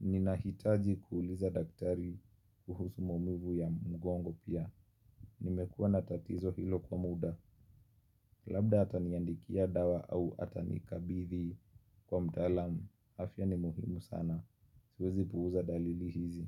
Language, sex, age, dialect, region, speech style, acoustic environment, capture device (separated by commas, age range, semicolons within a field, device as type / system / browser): Swahili, male, 25-34, Kenya - Nairobi Sheng-influenced, Kenya, relaxed; focused, nature, mobile / Linux / Chrome